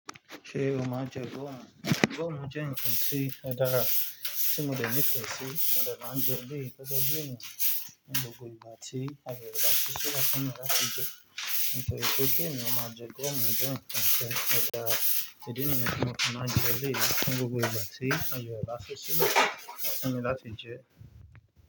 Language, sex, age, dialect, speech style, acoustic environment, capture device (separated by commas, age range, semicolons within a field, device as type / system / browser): Yoruba, male, 25-34, Nigeria - Nigerian Standard, relaxed, nature, mobile / Linux / Chrome